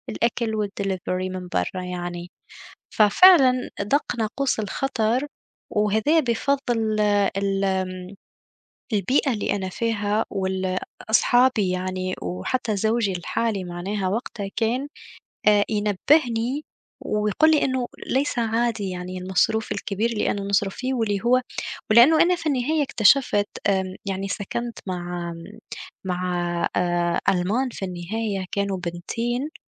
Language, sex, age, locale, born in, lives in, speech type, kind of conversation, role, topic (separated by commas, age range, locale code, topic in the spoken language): Arabic, female, 25-29, ar-TN, Tunisia, Germany, conversation, unstructured, none, إزاي تدير ميزانيتك الشهرية بفعالية؟